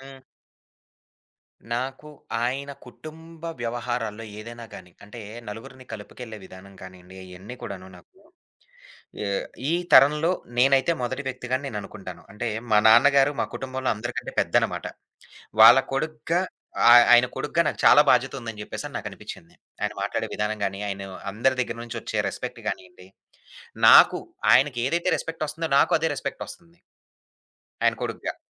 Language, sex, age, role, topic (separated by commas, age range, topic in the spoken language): Telugu, male, 25-29, guest, మీకు మద్దతుగా నిలిచిన ఒక వ్యక్తి గురించి చెప్పగలరా?